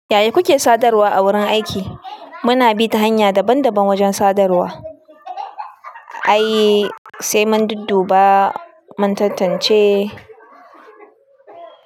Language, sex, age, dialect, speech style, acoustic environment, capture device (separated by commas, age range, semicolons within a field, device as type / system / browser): Hausa, female, 25-34, Nigeria - Kano, anxious; nervous, people talking; appliances, desktop / Linux / Chrome